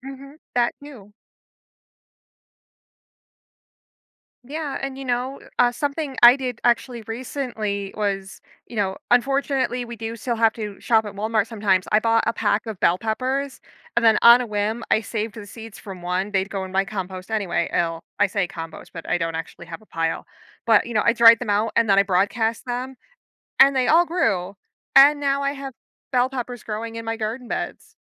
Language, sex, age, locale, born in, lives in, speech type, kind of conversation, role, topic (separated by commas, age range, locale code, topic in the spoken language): English, female, 30-34, en-US, United States, United States, conversation, podcast, host, How can everyday habits make a real difference for the environment?